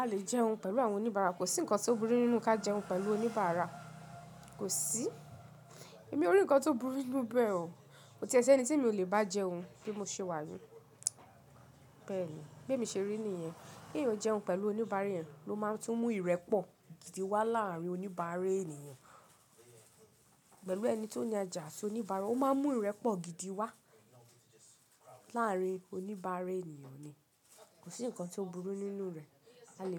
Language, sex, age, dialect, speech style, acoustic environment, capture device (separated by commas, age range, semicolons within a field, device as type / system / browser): Yoruba, female, 25-34, Nigeria - Ibadan, relaxed, nature, mobile / Linux / Chrome